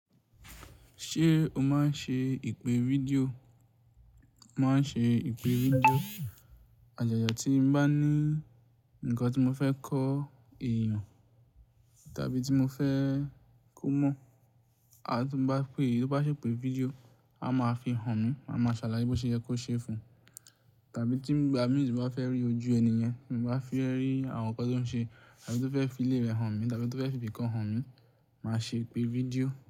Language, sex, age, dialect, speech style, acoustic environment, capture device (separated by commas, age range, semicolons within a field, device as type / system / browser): Yoruba, male, 18-24, Nigeria - Ibadan, focused, silence, mobile / Linux / Chrome